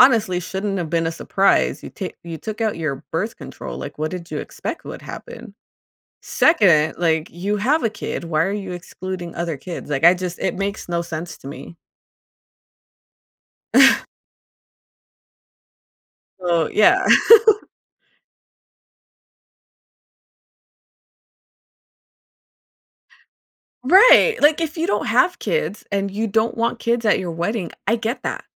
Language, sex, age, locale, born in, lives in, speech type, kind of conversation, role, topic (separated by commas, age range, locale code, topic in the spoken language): English, female, 35-39, en-US, United States, United States, conversation, advice, user, How can I prepare to feel less anxious before an event?